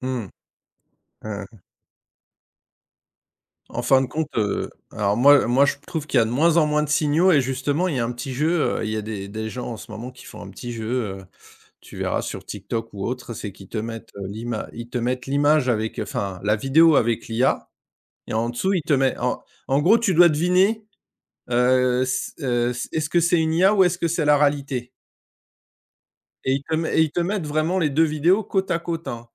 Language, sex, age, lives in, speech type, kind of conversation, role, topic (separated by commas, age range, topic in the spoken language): French, male, 45-49, France, conversation, unstructured, none, Avez-vous peur de perdre votre emploi à cause des machines ?